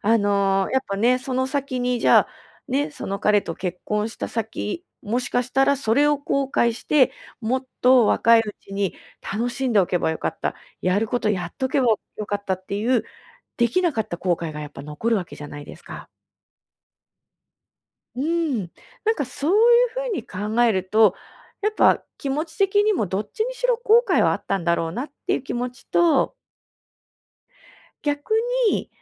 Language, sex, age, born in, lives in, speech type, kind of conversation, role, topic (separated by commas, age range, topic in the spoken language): Japanese, female, 35-39, Japan, Japan, conversation, advice, advisor, 家族の変化に直面したとき、長期的な回復力をどのように育てて支えればよいですか？